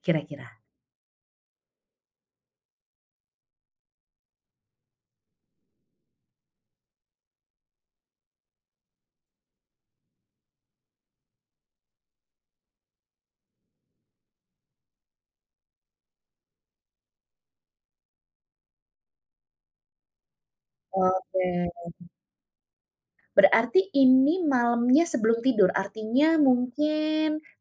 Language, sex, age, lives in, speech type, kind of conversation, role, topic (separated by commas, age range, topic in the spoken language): Indonesian, female, 45-49, Indonesia, conversation, advice, advisor, Apa yang membuat kamu sangat ingin ngemil makanan manis setiap malam?